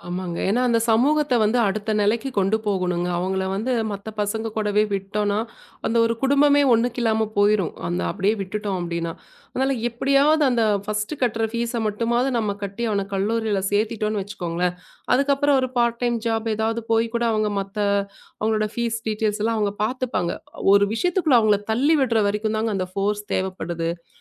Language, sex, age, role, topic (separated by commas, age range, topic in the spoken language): Tamil, female, 35-39, guest, நெருக்கடியான சமயங்களில் ஒருவருக்கு ஆதரவு எப்படி வழங்கலாம்?